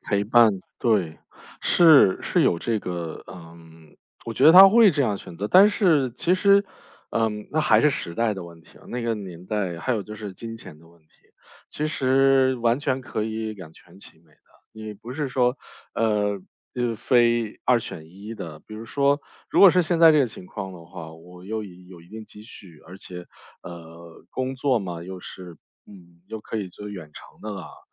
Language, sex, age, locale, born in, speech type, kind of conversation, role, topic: Chinese, male, 35-39, zh-CN, China, conversation, podcast, guest, 当父母需要你照顾时，你会如何做出选择？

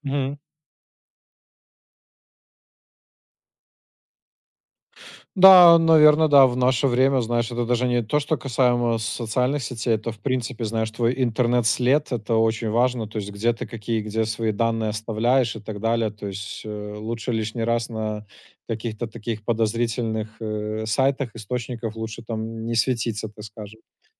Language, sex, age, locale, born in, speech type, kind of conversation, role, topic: Russian, male, 25-29, ru-RU, Belarus, conversation, unstructured, none, Какие плюсы и минусы есть у социальных сетей?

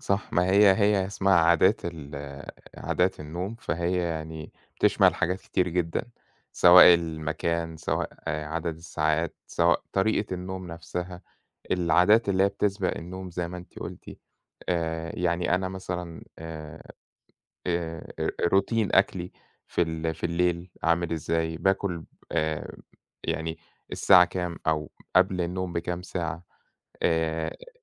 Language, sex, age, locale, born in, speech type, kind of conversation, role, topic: Arabic, male, 30-34, ar-EG, Egypt, conversation, unstructured, none, إزاي عادات نومك بتأثر على يومك؟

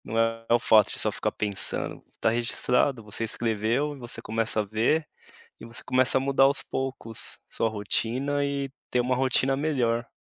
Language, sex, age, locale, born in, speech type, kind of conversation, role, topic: Portuguese, male, 35-39, pt-BR, Brazil, conversation, advice, advisor, Como posso definir limites claros entre o trabalho remoto e a vida em casa?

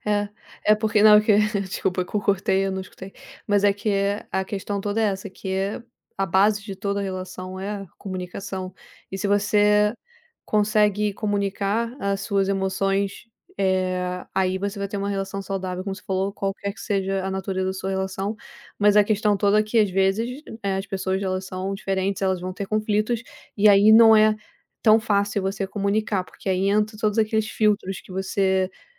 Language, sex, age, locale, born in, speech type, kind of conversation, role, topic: Portuguese, female, 30-34, pt-BR, Brazil, conversation, unstructured, none, Como você costuma resolver desentendimentos com amigos?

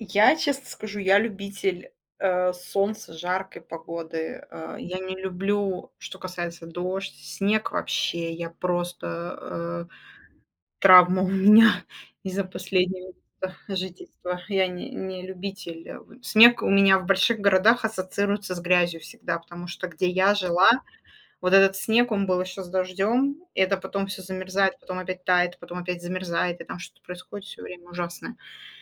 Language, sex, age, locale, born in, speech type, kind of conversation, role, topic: Russian, female, 35-39, ru-RU, Russia, conversation, unstructured, none, Как природа влияет на ваше настроение?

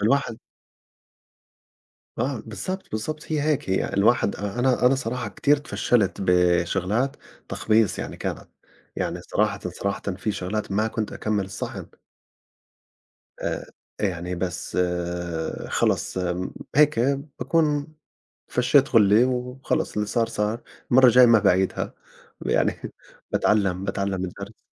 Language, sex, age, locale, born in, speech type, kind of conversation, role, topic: Arabic, male, 40-44, ar-JO, Saudi Arabia, conversation, unstructured, none, بتفضل تطبخ في البيت ولا تاكل من برّه؟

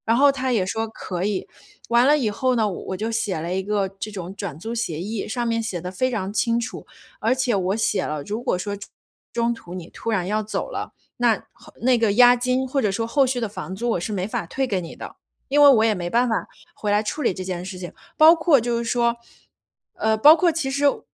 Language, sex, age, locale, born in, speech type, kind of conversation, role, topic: Chinese, female, 30-34, zh-CN, China, conversation, advice, user, 我总是怀疑自己，怎样才能变得更自信？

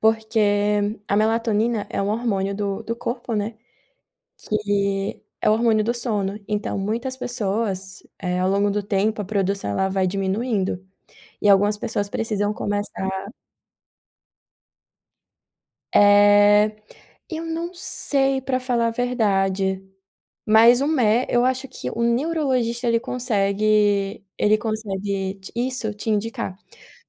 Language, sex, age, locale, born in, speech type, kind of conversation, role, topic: Portuguese, female, 25-29, pt-BR, Brazil, conversation, advice, advisor, Quais práticas breves posso fazer para encerrar o dia com calma?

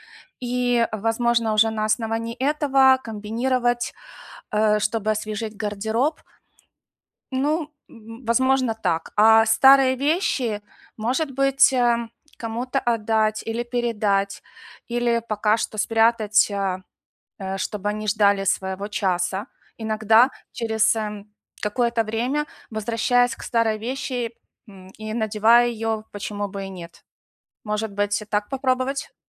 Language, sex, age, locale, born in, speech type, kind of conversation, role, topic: Russian, female, 45-49, ru-UA, Ukraine, conversation, advice, advisor, Как экономно покупать одежду и подарки, не теряя стиль и качество?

